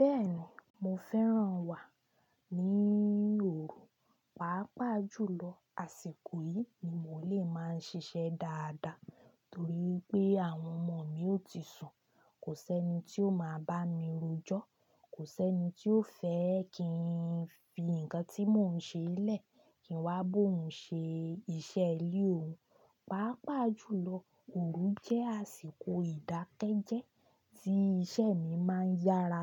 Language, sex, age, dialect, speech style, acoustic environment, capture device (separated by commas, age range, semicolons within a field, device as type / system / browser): Yoruba, female, 25-34, Nigeria - Ibadan, happy, silence, desktop / Linux / Chrome